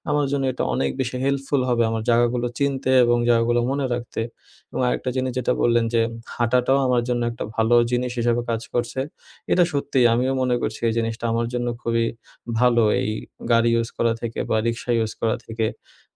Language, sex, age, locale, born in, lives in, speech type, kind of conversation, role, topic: Bengali, male, 20-24, bn-BD, Bangladesh, Bangladesh, conversation, advice, user, অপরিচিত শহরে কীভাবে সহজে এবং নিরাপদে পথ খুঁজব?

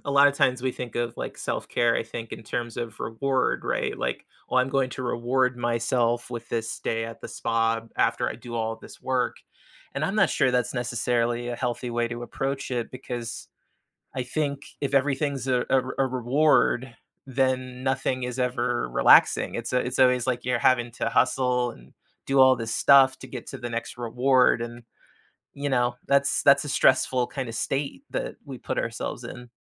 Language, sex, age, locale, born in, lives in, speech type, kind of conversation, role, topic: English, male, 35-39, en-US, United States, United States, conversation, advice, advisor, How can I balance self-care with my responsibilities?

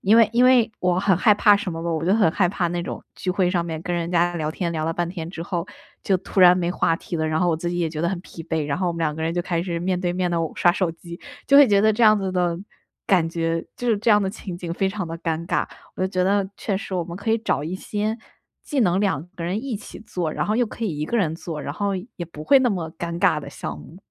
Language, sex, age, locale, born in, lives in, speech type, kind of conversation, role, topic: Chinese, female, 25-29, zh-CN, China, United States, conversation, advice, user, 周末我该如何平衡社交与独处时间？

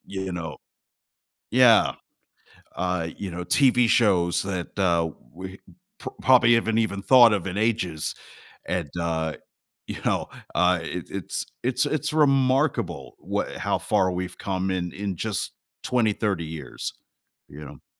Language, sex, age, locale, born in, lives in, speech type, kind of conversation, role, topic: English, male, 45-49, en-US, United States, United States, conversation, podcast, host, Why do familiar memories and past experiences have such a strong impact on what we enjoy in entertainment?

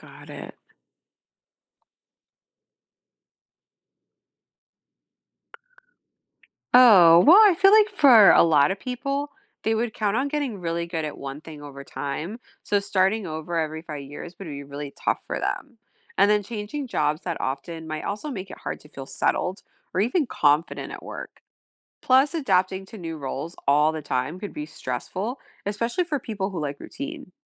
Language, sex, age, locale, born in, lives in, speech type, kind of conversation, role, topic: English, female, 40-44, en-US, United States, United States, read, expert-assistant, asker, What would happen if everyone changed jobs every five years?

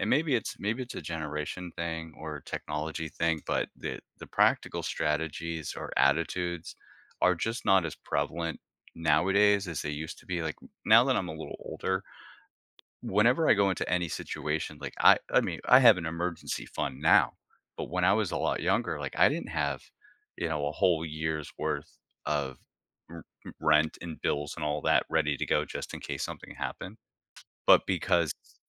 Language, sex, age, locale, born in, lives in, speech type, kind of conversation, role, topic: English, male, 40-44, en-US, United States, United States, conversation, podcast, guest, How can experiencing failure help us grow and improve in life?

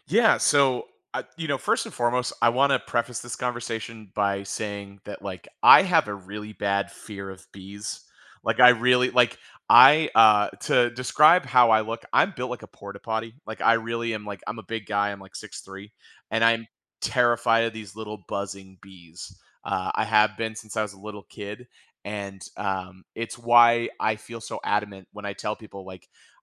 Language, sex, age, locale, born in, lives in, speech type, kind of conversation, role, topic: English, male, 35-39, en-US, United States, United States, conversation, podcast, guest, How do bees help maintain healthy ecosystems and support our food supply?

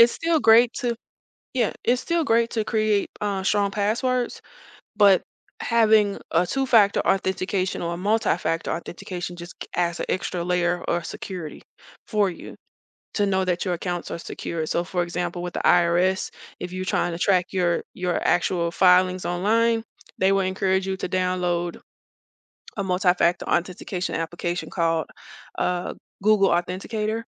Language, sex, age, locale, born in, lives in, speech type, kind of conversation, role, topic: English, female, 35-39, en-US, United States, United States, conversation, advice, advisor, How do I enable two-factor authentication and choose the best method for my accounts?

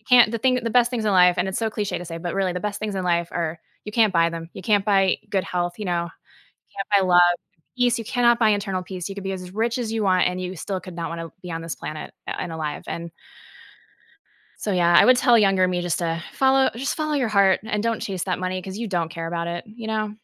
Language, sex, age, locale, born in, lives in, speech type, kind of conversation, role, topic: English, female, 40-44, en-US, United States, United States, conversation, podcast, guest, How have your experiences shaped the wisdom you would share with others?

